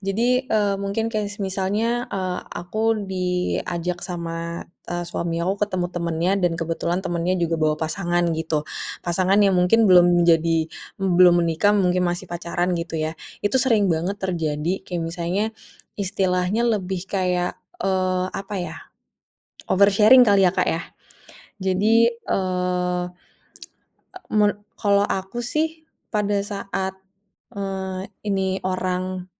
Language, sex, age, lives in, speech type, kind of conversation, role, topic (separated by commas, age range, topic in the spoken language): Indonesian, female, 30-34, Indonesia, conversation, podcast, guest, Kamu lebih suka obrolan yang mendalam atau basa-basi yang ringan?